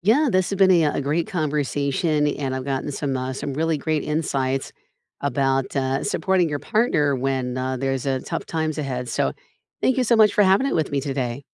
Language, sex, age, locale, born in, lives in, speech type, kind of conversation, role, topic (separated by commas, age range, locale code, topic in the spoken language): English, female, 45-49, en-US, United States, United States, conversation, unstructured, none, How are you adapting and supporting each other’s career growth in a changing economy?